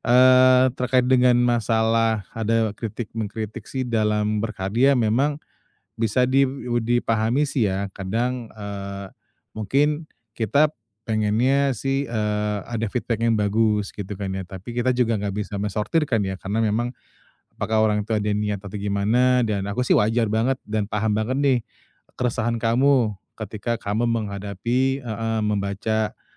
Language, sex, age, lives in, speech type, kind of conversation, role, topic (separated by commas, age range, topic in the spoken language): Indonesian, male, 35-39, Indonesia, conversation, advice, advisor, Bagaimana cara menghadapi kritik saat orang mengomentari karya saya?